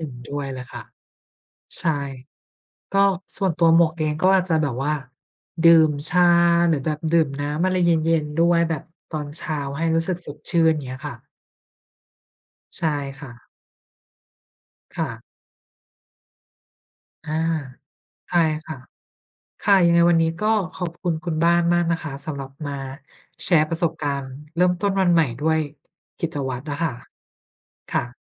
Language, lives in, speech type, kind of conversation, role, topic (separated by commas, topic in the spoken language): Thai, Thailand, conversation, unstructured, none, คุณเริ่มต้นวันใหม่ด้วยกิจวัตรแบบไหน?